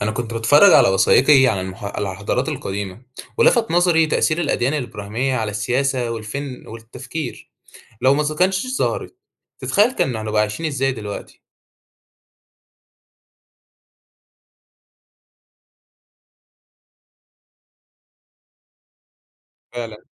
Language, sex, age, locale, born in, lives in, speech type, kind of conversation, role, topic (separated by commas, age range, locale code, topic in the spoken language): Arabic, male, 18-19, ar-EG, Egypt, Egypt, read, expert-assistant, asker, تفتكر كان هيكون شكل العالم عامل إزاي لو الأديان الإبراهيمية ما ظهرتش أصلاً؟